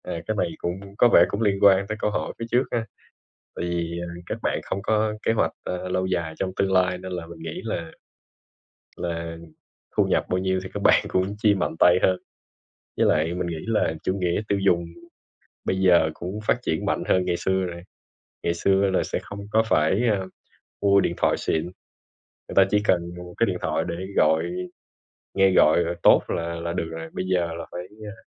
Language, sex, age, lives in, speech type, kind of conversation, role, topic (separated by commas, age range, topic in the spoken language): Vietnamese, male, 25-29, Vietnam, conversation, unstructured, none, Làm thế nào để quản lý chi tiêu một cách hiệu quả?